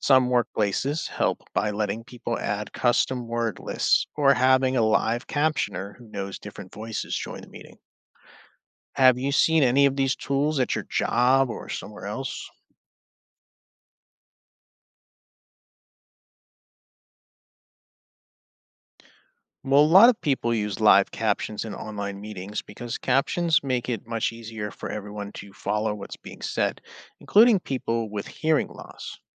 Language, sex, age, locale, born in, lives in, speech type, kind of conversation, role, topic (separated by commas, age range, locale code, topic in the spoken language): English, male, 35-39, en-US, United States, United States, read, expert-assistant, expert, How does technology help people with disabilities?